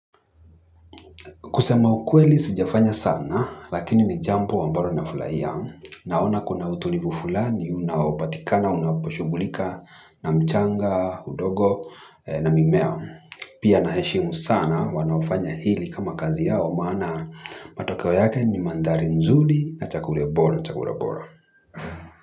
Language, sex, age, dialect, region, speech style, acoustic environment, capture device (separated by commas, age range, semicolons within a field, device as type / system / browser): Swahili, male, 25-34, Kenya - Mombasa, Kenya, anxious, people talking; traffic, mobile / Linux / Chrome